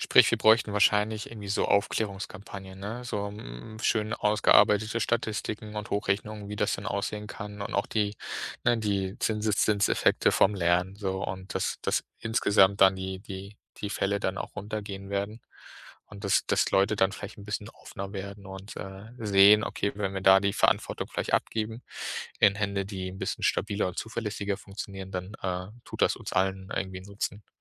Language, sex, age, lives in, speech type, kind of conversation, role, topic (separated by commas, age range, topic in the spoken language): German, male, 30-34, Germany, conversation, podcast, host, Was hältst du von selbstfahrenden Autos auf deutschen Straßen?